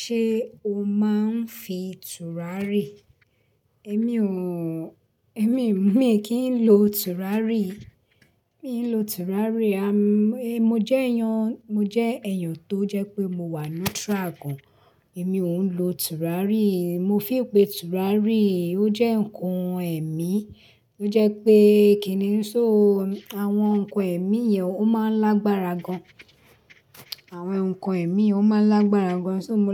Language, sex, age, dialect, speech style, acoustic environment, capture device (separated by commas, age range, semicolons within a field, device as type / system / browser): Yoruba, female, 35-44, Nigeria - Lagos Yoruba, relaxed, silence, desktop / Linux / Chrome